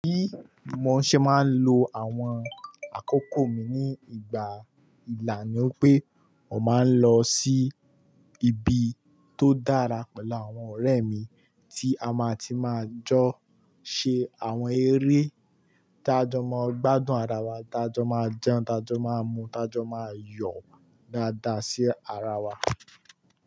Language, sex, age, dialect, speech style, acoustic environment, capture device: Yoruba, male, 18-24, Nigeria - Kano, focused, nature, mobile / Linux / Chrome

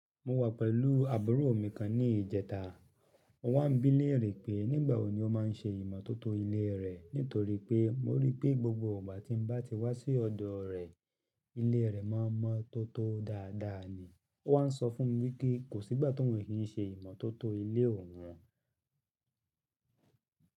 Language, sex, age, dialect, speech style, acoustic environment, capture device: Yoruba, male, 35-44, Nigeria - Ibadan, happy; relaxed; excited; focused, silence, mobile / Linux / Chrome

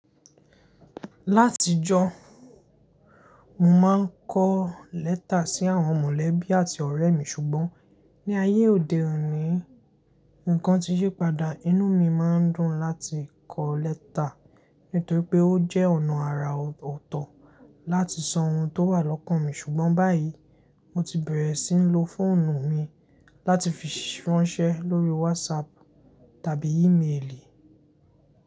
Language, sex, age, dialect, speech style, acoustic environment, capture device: Yoruba, male, 18-24, Nigeria - Lagos Yoruba, happy; excited; focused, silence, mobile / Linux / Chrome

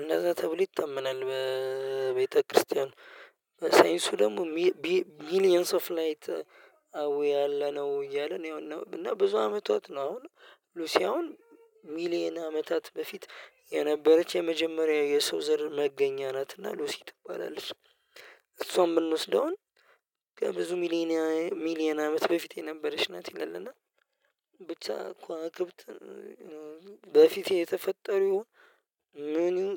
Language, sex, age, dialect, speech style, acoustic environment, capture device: Amharic, male, 25-34, Ethiopia - Addis Ababa, happy; relaxed, silence; nature, mobile / Linux / Chrome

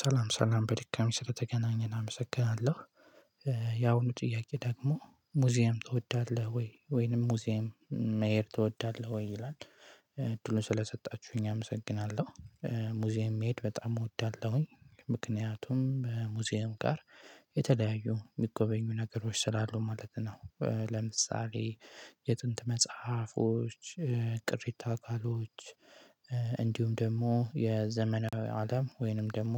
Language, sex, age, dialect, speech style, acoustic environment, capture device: Amharic, male, 18-24, Ethiopia - Gojjam, relaxed, silence, mobile / Linux / Chrome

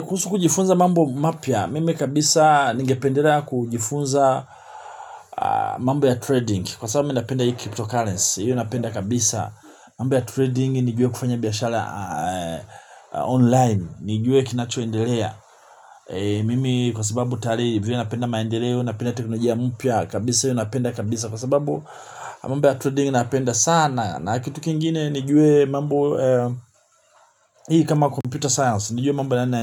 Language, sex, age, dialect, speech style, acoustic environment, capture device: Swahili, male, 45-54, Tanzania - Dar es Salaam, relaxed, people talking, mobile / Linux / Chrome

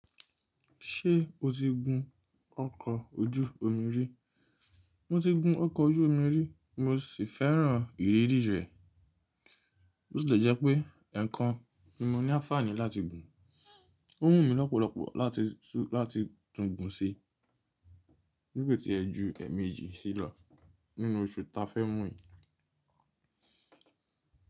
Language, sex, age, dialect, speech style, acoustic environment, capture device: Yoruba, male, 25-34, Nigeria - Nigerian Standard, tired; relaxed, silence, mobile / Linux / Chrome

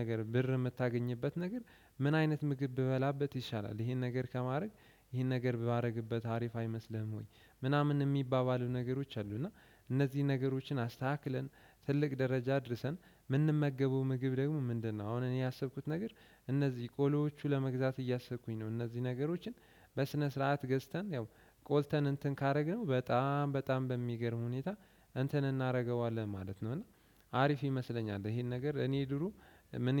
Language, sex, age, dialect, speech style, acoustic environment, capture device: Amharic, male, 18-24, Ethiopia - Addis Ababa, relaxed; focused, nature; appliances, desktop / Windows / Chrome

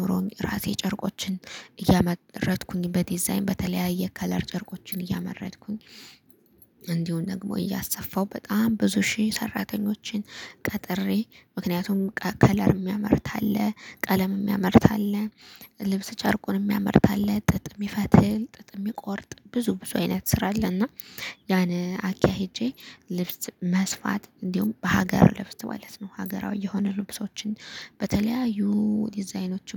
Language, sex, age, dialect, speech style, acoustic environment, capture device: Amharic, female, 25-34, Ethiopia - Gondar, happy, nature, mobile / Linux / Chrome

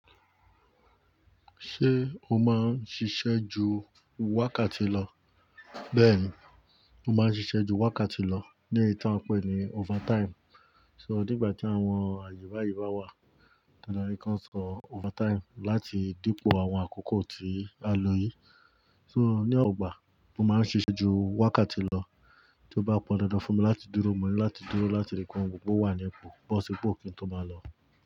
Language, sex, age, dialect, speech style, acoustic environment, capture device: Yoruba, male, 35-44, Nigeria - Ibadan, focused, nature, mobile / Linux / Chrome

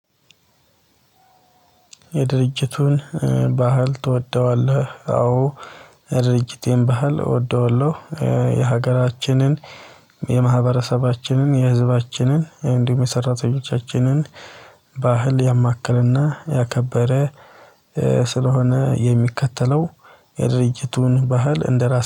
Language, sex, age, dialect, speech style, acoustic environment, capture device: Amharic, male, 25-34, Ethiopia - Addis Ababa, excited; happy, silence, mobile / Linux / Chrome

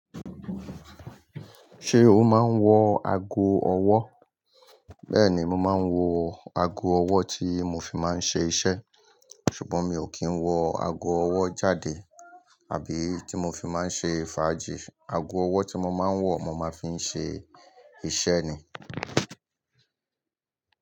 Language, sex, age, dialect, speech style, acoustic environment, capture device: Yoruba, male, 35-44, Nigeria - Lagos Yoruba, happy, people talking, mobile / Linux / Chrome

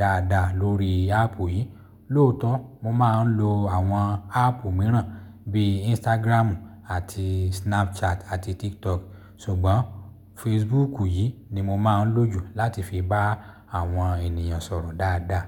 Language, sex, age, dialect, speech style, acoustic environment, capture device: Yoruba, male, 35-44, Nigeria - Lagos Yoruba, happy; excited; focused; relaxed, silence, mobile / Linux / Chrome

